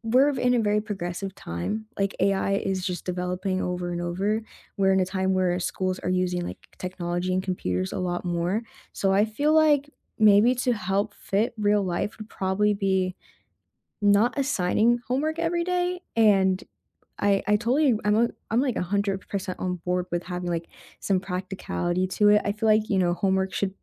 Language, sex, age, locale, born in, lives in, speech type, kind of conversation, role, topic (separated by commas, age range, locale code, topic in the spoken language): English, female, 20-24, en-US, United States, United States, conversation, unstructured, none, How would you redesign homework to fit real life, spark curiosity, and reduce stress?